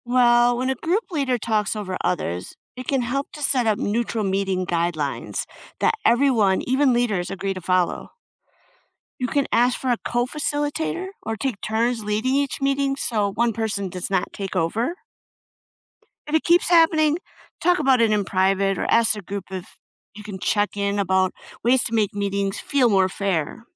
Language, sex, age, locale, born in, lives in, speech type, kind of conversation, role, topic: English, female, 50-54, en-US, United States, United States, read, expert-assistant, expert, What steps can you take to make group decisions fairly?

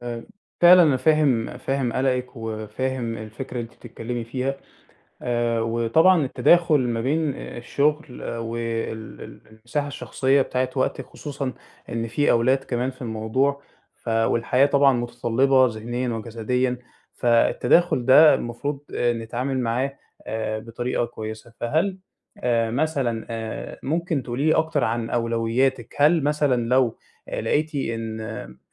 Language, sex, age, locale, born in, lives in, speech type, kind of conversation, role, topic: Arabic, male, 20-24, ar-EG, Egypt, Egypt, conversation, advice, advisor, إزاي أحط حدود صحية بين الشغل وحياتي الشخصية؟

